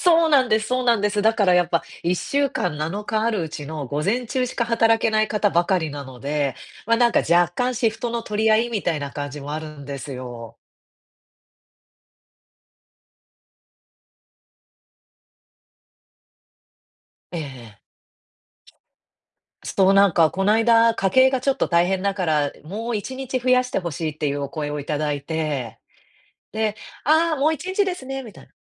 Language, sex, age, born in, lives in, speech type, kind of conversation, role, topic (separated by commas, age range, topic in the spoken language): Japanese, female, 35-39, Japan, United States, conversation, advice, user, どうすれば他人の批判と自分の価値を切り離して受け止められますか？